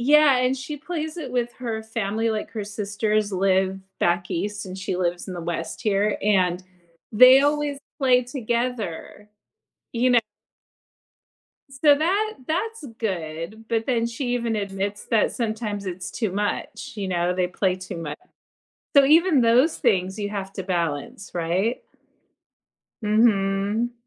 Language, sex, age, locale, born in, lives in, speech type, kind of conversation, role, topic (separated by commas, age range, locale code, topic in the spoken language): English, female, 45-49, en-US, United States, United States, conversation, podcast, guest, How do you balance screen time and offline time?